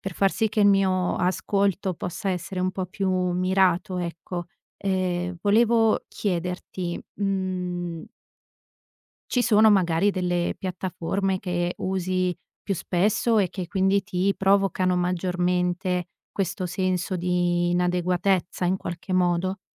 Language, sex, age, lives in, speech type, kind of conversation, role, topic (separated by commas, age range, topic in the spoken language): Italian, female, 30-34, Italy, conversation, advice, advisor, Come ti senti quando vedi sui social i successi degli altri e ti senti inferiore?